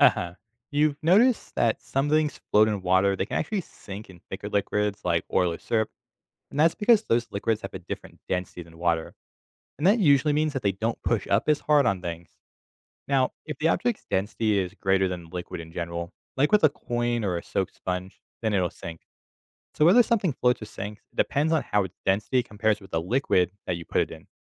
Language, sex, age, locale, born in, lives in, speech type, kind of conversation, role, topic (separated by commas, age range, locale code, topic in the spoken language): English, male, 25-29, en-US, United States, United States, read, expert-assistant, expert, Why do some objects sink while others float?